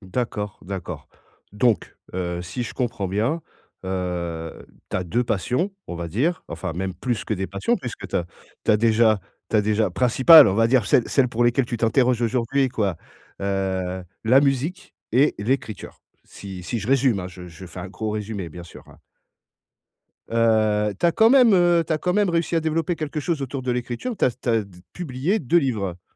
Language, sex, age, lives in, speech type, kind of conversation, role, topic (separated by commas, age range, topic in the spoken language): French, male, 40-44, France, conversation, advice, advisor, Comment la procrastination freine-t-elle vos objectifs personnels et votre bien-être ?